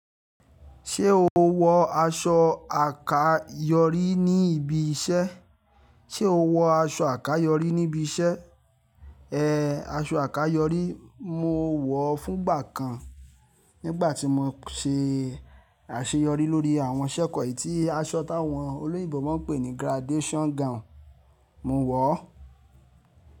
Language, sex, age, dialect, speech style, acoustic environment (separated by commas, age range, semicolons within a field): Yoruba, male, 25-34, Nigeria - Lagos Yoruba, happy, silence